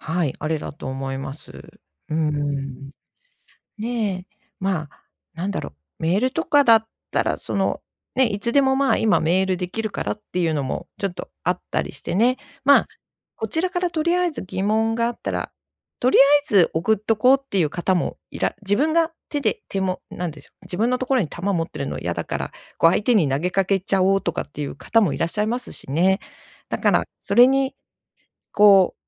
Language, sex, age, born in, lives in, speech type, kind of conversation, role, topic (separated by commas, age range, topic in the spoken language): Japanese, female, 55-59, Japan, Japan, conversation, advice, advisor, プライベートの時間をしっかり守るにはどうすればいいですか？